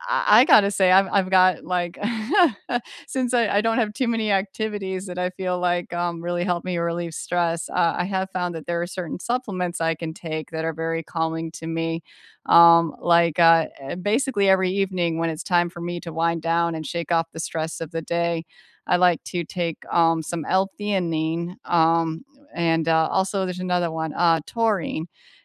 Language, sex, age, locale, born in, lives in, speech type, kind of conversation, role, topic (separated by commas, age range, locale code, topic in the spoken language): English, female, 40-44, en-US, United States, United States, conversation, unstructured, none, What simple stress relievers help you when life gets busy, and which ones could we try together?